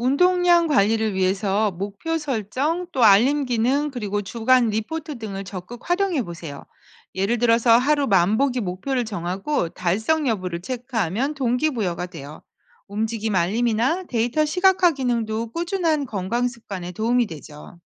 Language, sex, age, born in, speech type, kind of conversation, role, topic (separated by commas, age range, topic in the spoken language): Korean, female, 50-54, South Korea, read, expert-assistant, expert, 비대면 진료와 대면 진료의 차이점은 무엇인가요?